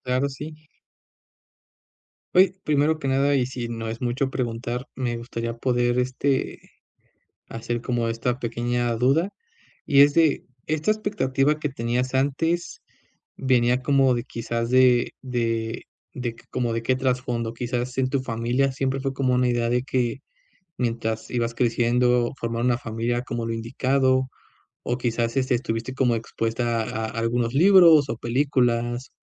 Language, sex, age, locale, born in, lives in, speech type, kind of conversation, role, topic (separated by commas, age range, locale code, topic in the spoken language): Spanish, male, 25-29, es-MX, Mexico, Mexico, conversation, advice, advisor, ¿Cómo te imaginas la posibilidad de tener hijos si todavía no estás seguro?